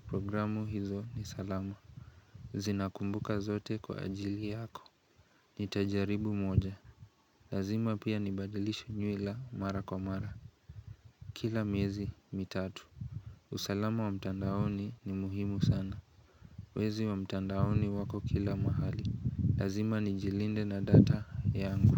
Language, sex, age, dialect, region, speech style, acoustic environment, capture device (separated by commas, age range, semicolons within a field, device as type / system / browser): Swahili, male, 18-24, Kenya - Nairobi Sheng-influenced, Kenya, relaxed, nature, mobile / Linux / Chrome